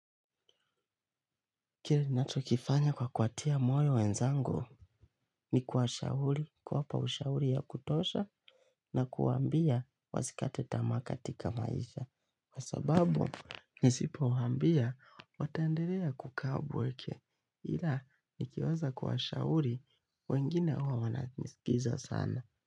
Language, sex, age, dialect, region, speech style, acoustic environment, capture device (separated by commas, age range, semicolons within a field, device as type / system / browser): Swahili, male, 25-34, Kenya - Nairobi Sheng-influenced, Kenya, relaxed, nature, mobile / Linux / Chrome